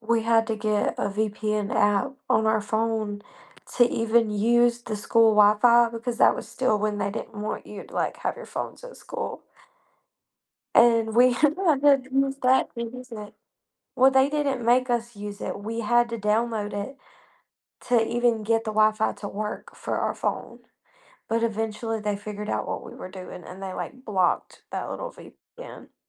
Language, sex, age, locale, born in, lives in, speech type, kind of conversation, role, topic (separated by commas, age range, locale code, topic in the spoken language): English, female, 20-24, en-US, United States, United States, conversation, advice, user, What steps can I take to secure my smartphone against hackers and malware?